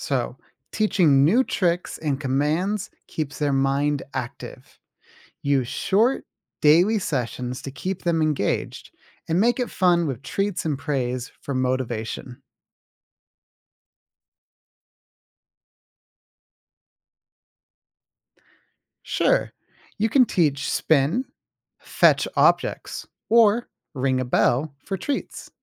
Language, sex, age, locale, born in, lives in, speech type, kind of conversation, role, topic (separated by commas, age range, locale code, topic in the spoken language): English, male, 20-24, en-US, United States, United States, read, expert-assistant, expert, How do I provide enrichment for my pet in the winter?